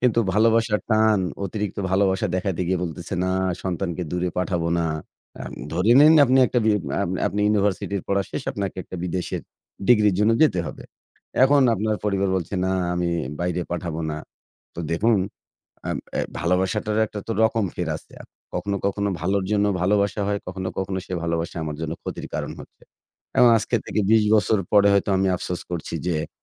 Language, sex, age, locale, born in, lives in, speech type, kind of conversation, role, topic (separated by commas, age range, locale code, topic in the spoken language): Bengali, male, 25-29, bn-BD, Bangladesh, Bangladesh, conversation, unstructured, none, পরিবারের ভালোবাসা কি কখনও কখনও কষ্টের কারণ হতে পারে?